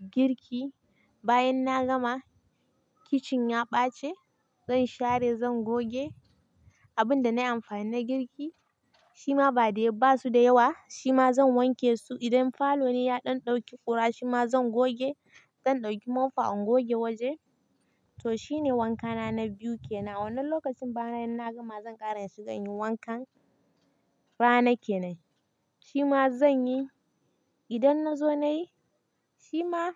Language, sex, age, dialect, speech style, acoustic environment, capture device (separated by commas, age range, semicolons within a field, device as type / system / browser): Hausa, female, 18-24, Nigeria - Kano, excited, nature, mobile / Linux / Chrome